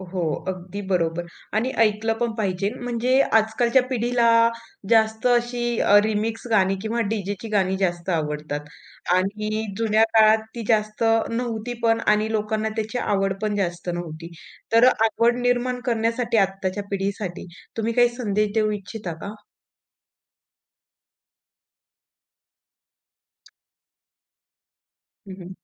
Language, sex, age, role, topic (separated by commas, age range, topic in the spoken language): Marathi, female, 25-29, host, सण-उत्सवाच्या वेळी तुमच्या आठवणींत सर्वात आधी कोणते गाणे येते?